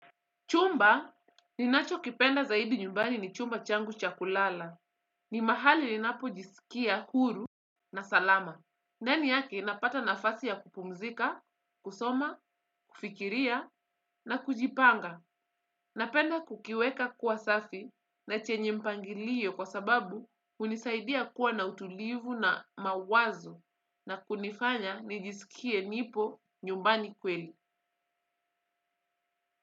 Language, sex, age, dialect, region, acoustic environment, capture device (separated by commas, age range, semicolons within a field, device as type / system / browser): Swahili, female, 25-34, Kenya - Mombasa, Kenya, silence, desktop / Windows / Chrome